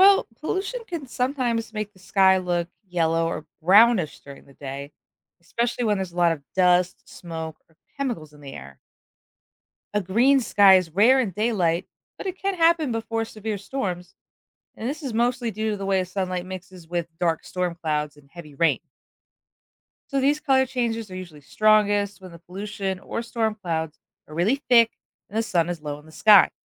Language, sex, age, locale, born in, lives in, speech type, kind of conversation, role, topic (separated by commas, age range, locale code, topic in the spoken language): English, female, 25-29, en-US, United States, United States, read, expert-assistant, expert, What makes the sky look blue during the day?